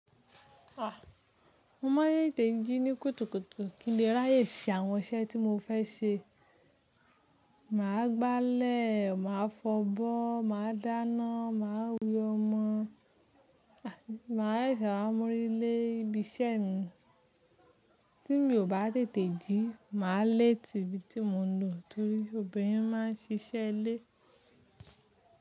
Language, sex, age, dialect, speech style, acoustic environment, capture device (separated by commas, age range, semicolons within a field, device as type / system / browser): Yoruba, female, 25-34, Nigeria - Ibadan, focused, nature, mobile / Linux / Chrome